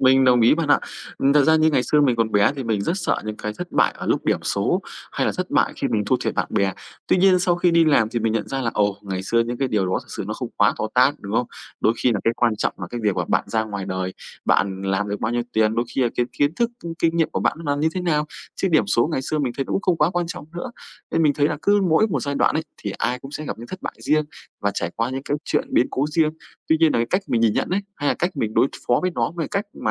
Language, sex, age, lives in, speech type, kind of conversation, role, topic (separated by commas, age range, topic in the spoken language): Vietnamese, male, 25-29, Vietnam, conversation, unstructured, none, Bạn nghĩ gì về việc học hỏi từ những thất bại trong cuộc sống?